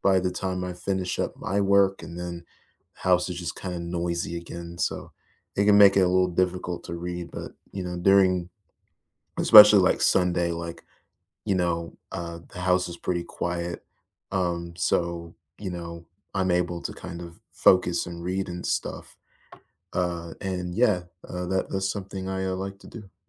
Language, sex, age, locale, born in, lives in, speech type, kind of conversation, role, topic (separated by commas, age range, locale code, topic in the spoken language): English, male, 20-24, en-US, United States, United States, conversation, unstructured, none, What simple weekend rituals do you look forward to?